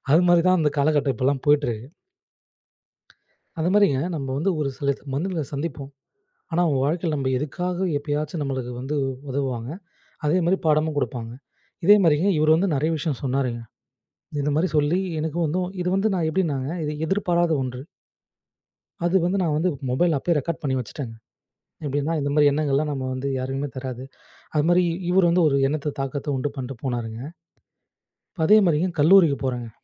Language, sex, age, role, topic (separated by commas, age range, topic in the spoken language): Tamil, male, 25-29, guest, வழியில் சந்தித்தவர்களிடமிருந்து நீங்கள் கற்றுக்கொண்ட மிக முக்கியமான பாடம் என்ன?